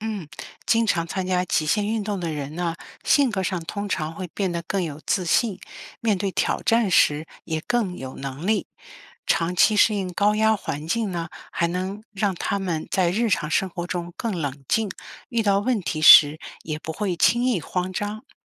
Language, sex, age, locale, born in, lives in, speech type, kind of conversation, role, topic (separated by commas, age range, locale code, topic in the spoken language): Chinese, female, 55-59, zh-CN, China, United States, read, expert-assistant, expert, 为什么有些人喜欢挑战极限运动？